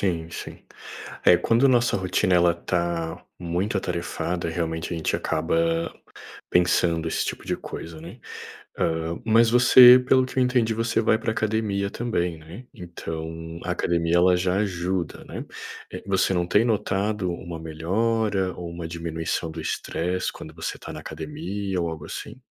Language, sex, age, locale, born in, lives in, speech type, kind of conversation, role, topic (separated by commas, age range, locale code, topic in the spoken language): Portuguese, male, 30-34, pt-BR, Brazil, Portugal, conversation, advice, advisor, Como posso ajudar a minha família a lidar com o estresse da mudança e a manter rotinas saudáveis?